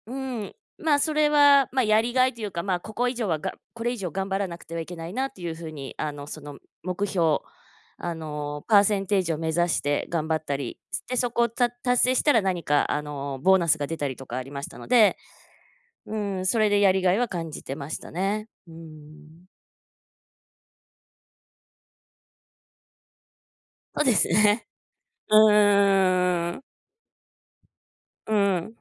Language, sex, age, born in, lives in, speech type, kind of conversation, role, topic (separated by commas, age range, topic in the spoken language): Japanese, female, 45-49, Japan, United States, conversation, unstructured, none, 仕事で最もやりがいを感じた瞬間はいつですか？